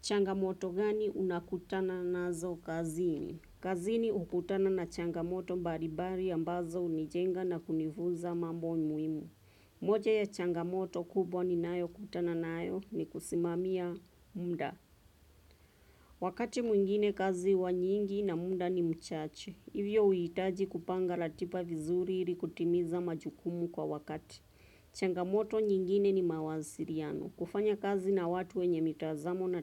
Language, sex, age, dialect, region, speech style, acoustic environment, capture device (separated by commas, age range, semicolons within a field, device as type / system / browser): Swahili, female, 25-34, Kenya - Nairobi Sheng-influenced, Kenya, relaxed; focused, traffic; nature, desktop / Windows / Chrome